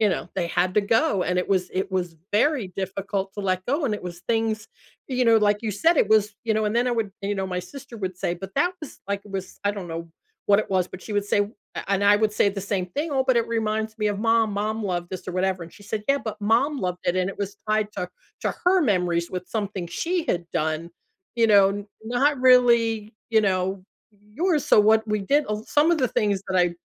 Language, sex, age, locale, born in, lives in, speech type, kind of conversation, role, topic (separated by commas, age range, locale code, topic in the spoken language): English, female, 60-64, en-US, United States, United States, conversation, unstructured, none, What simple actions can help you move closer to your goals?